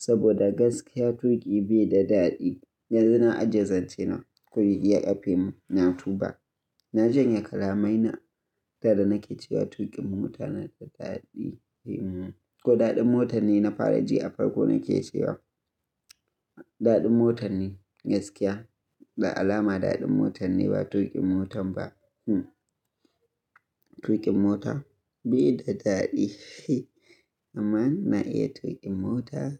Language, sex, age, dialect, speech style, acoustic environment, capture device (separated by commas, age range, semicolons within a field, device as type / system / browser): Hausa, male, 25-34, Nigeria - Kano, happy; excited, silence; nature, mobile / Linux / Chrome